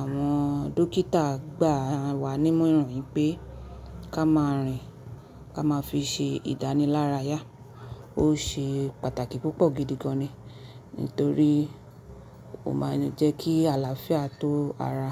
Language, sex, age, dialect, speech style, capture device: Yoruba, female, 25-34, Nigeria - Nigerian Standard, focused, mobile / Linux / Chrome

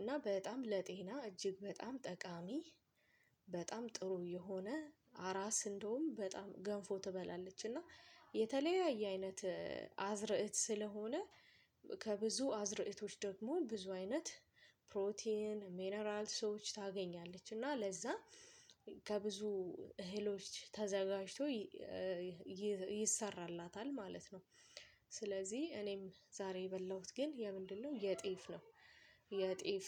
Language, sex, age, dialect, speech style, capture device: Amharic, female, 25-34, Ethiopia - Addis Ababa, happy, mobile / Linux / Chrome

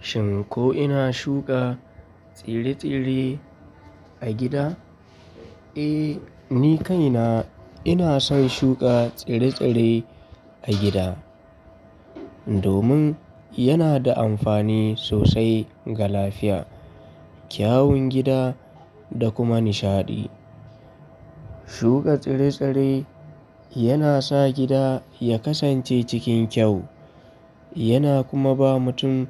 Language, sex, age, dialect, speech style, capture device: Hausa, male, 25-34, Nigeria - Kano, happy; relaxed; focused, mobile / Linux / Chrome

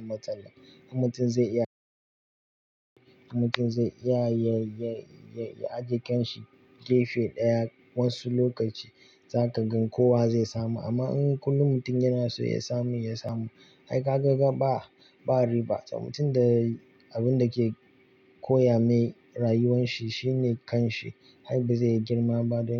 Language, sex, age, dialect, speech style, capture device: Hausa, male, 25-34, Nigeria - Kano, relaxed, mobile / Linux / Chrome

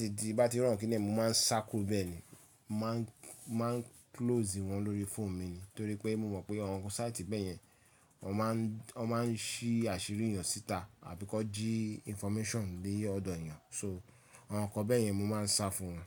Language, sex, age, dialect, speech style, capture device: Yoruba, male, 35-44, Nigeria - Lagos Yoruba, relaxed, mobile / Linux / Chrome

